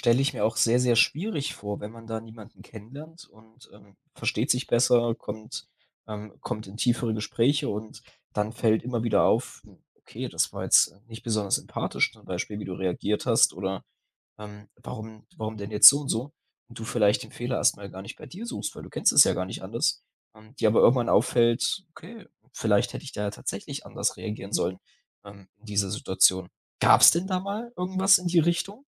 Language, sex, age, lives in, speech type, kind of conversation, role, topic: German, male, 20-24, Germany, conversation, podcast, host, Wie wurden Gefühle bei euch zuhause ausgedrückt?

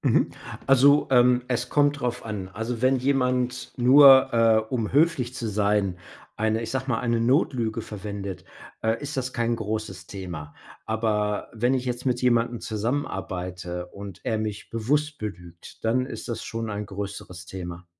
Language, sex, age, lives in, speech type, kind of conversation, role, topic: German, male, 45-49, United States, conversation, podcast, guest, Wie gehst du mit Unehrlichkeit im Alltag um?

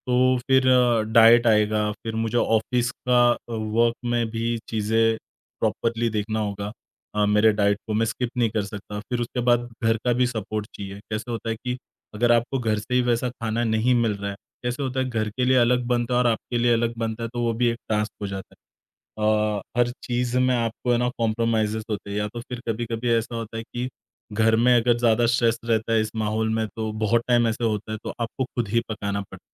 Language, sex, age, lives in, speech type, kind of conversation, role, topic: Hindi, male, 30-34, India, conversation, podcast, guest, Tumhari kaunsi purani hobby hai jise tum dubara shuru karna chahoge?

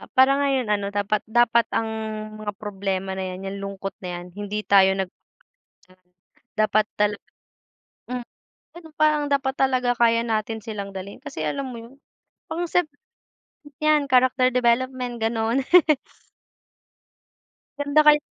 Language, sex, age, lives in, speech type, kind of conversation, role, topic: Filipino, female, 20-24, Philippines, conversation, unstructured, none, Normal lang bang makaramdam ng lungkot paminsan-minsan?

